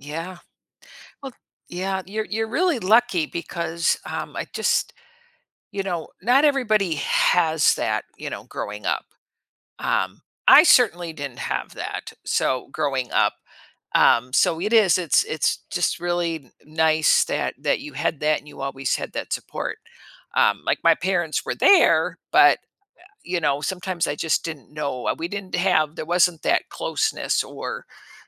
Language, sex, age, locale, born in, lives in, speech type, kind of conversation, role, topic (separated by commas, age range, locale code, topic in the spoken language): English, female, 65-69, en-US, United States, United States, conversation, podcast, host, How do families build trust and emotional security for their members?